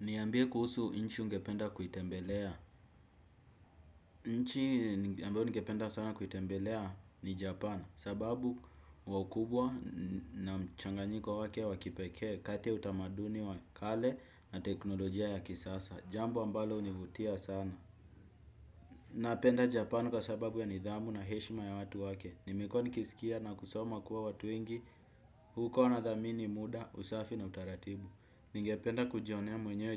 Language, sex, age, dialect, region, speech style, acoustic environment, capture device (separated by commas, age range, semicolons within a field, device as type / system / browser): Swahili, male, 18-24, Kenya - Nairobi Sheng-influenced, Kenya, happy, silence, desktop / Windows / Chrome